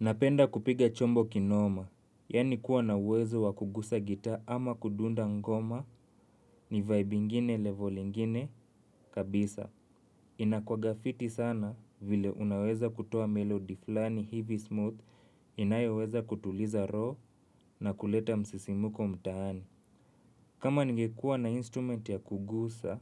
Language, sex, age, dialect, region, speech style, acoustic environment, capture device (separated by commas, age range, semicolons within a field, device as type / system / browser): Swahili, male, 25-34, Kenya - Nairobi Sheng-influenced, Kenya, nervous; tired, nature, mobile / Linux / Chrome